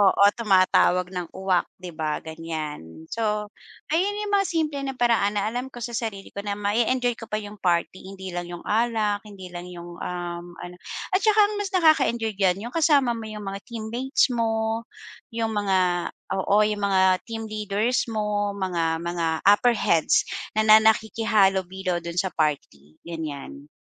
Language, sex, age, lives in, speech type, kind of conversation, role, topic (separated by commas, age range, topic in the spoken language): Filipino, female, 40-44, Philippines, conversation, podcast, guest, Paano mo hinaharap ang mga tukso sa party o pista, at ano ang ginagawa mo?